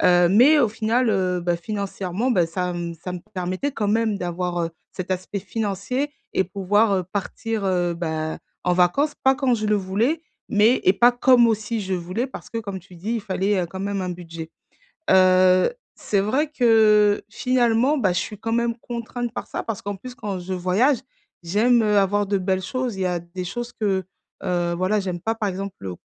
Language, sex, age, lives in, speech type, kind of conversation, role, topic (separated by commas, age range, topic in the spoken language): French, female, 35-39, France, conversation, advice, user, Comment puis-je imaginer et planifier différents futurs possibles pour moi ?